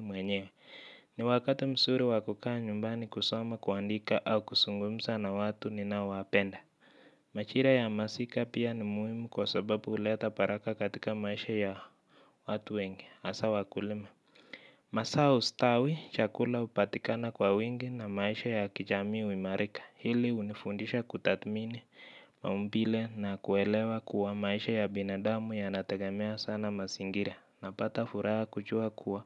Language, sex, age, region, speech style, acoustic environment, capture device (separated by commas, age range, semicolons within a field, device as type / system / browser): Swahili, male, 25-34, Kenya, relaxed, nature, mobile / Linux / Chrome